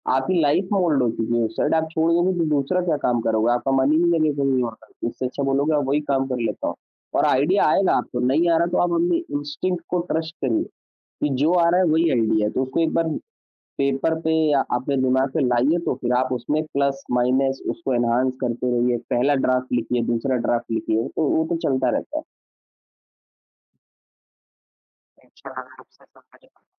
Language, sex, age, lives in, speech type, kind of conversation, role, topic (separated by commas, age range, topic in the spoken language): Hindi, male, 25-29, India, conversation, podcast, guest, Jab tumhe creative block aata hai, toh tum kya karte ho?